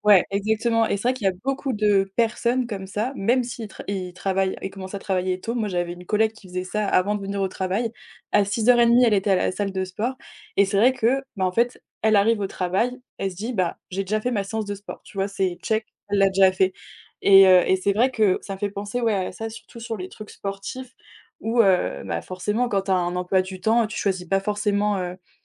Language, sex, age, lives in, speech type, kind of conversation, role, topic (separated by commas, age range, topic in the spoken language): French, female, 20-24, France, conversation, unstructured, none, Quel est ton rituel du matin préféré pour bien commencer la journée ?